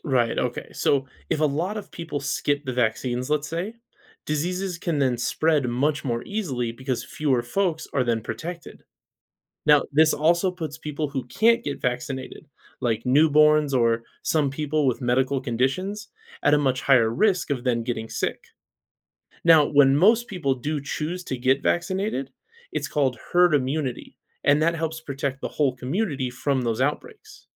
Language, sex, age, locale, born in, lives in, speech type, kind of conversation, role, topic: English, male, 35-39, en-US, United States, United States, read, expert-assistant, expert, Why are vaccines important for individual and community health?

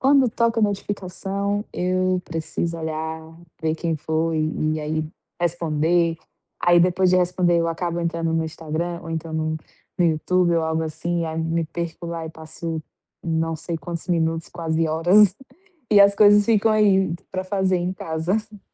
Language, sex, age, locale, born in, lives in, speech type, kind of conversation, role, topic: Portuguese, female, 25-29, pt-BR, Brazil, Portugal, conversation, advice, user, Como posso organizar o meu dia em blocos de tempo?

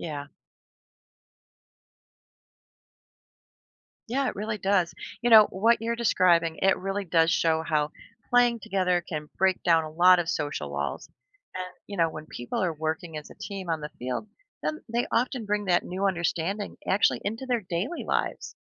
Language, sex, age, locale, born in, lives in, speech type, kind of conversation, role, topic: English, female, 55-59, en-US, United States, United States, read, expert-assistant, expert, How do sports bring people together?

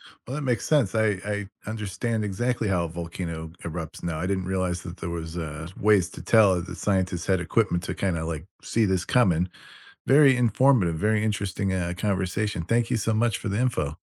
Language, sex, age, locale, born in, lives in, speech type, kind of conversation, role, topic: English, male, 50-54, en-US, United States, United States, read, expert-assistant, asker, How do scientists predict volcanic eruptions?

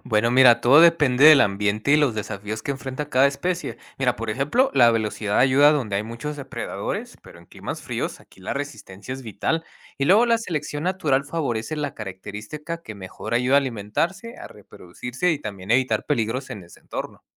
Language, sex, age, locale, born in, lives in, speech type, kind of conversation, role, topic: Spanish, male, 30-34, es-MX, United States, Mexico, read, expert-assistant, expert, Si tuvieras que elegir entre la velocidad de un guepardo, la inteligencia de un delfín o la adaptabilidad de una bacteria, ¿cuál crees que es más valiosa para sobrevivir?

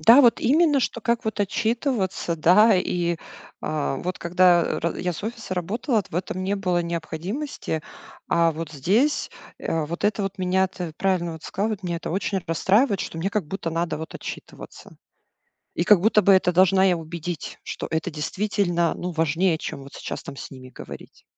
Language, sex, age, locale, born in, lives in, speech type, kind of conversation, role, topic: Russian, female, 40-44, ru-RU, Russia, United States, conversation, advice, user, Как уменьшить цифровые отвлечения в общих рабочих или жилых зонах?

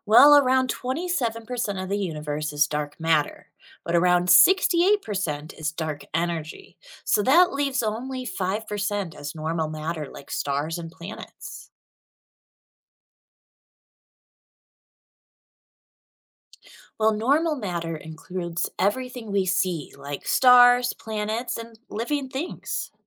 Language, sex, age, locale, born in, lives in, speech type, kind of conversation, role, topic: English, female, 30-34, en-US, United States, United States, read, expert-assistant, expert, What are dark matter and dark energy?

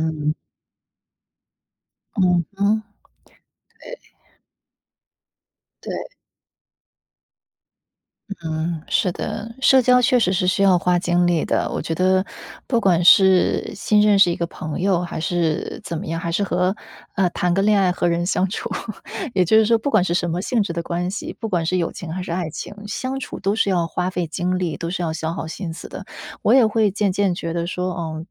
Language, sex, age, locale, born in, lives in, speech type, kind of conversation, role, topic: Chinese, female, 30-34, zh-CN, China, United States, conversation, unstructured, none, 你认为社交媒体会让人与人之间更亲近，还是更疏远？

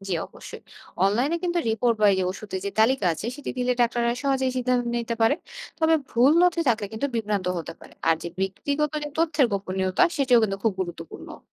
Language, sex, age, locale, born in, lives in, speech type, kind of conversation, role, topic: Bengali, female, 25-29, bn-BD, Bangladesh, Bangladesh, read, expert-assistant, expert, অনলাইন থেকে চিকিৎসা পরামর্শ নেওয়া কতটা নিরাপদ? এতে কী সুবিধা বা কোনো সমস্যা হতে পারে?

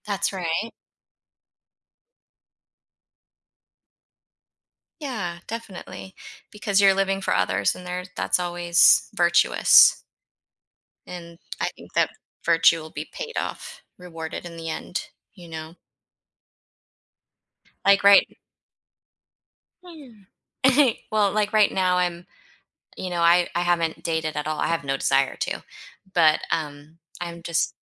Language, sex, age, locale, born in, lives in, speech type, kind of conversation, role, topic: English, female, 40-44, en-US, United States, United States, conversation, unstructured, none, How can I share one thing I'm proud of about myself?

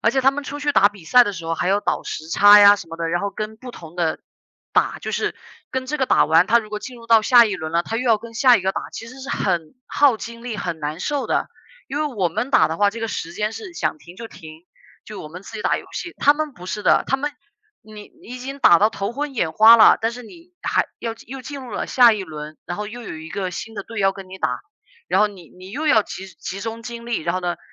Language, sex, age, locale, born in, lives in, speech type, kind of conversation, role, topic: Chinese, female, 30-34, zh-CN, China, United States, conversation, unstructured, none, 你认为玩游戏是在浪费时间吗？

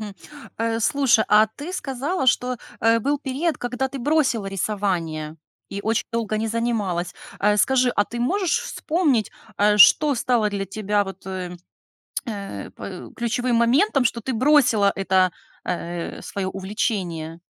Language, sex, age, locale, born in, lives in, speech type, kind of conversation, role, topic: Russian, female, 35-39, ru-RU, Ukraine, Spain, conversation, podcast, host, Как началось твоё увлечение рисованием?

